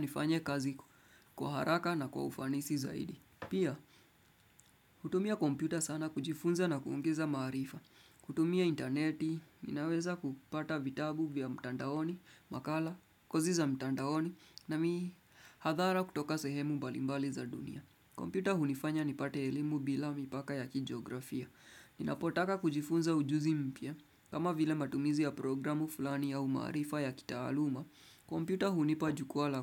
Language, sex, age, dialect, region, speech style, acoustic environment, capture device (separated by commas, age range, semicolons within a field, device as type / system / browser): Swahili, male, 25-34, Kenya - Nairobi Sheng-influenced, Kenya, relaxed, nature; music; appliances; silence, desktop / Windows / Chrome